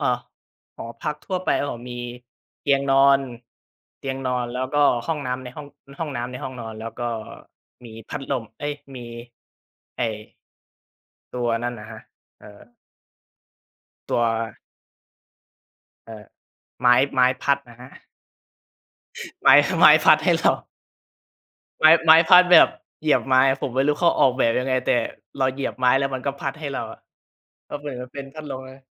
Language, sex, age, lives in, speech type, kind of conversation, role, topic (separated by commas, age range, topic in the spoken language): Thai, male, 20-24, Thailand, conversation, podcast, guest, คุณช่วยเล่าเกี่ยวกับประสบการณ์เดินทางที่ประทับใจที่สุดของคุณให้ฟังหน่อยได้ไหม?